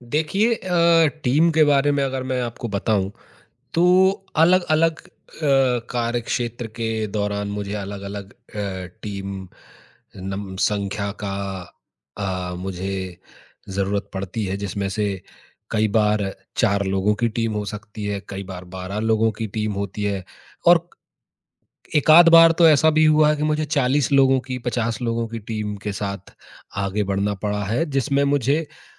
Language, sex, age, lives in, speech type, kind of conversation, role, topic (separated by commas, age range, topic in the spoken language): Hindi, male, 30-34, India, conversation, advice, user, सीमित संसाधनों में आप अपनी टीम का नेतृत्व कैसे करें और उसे प्रेरित कैसे रखें?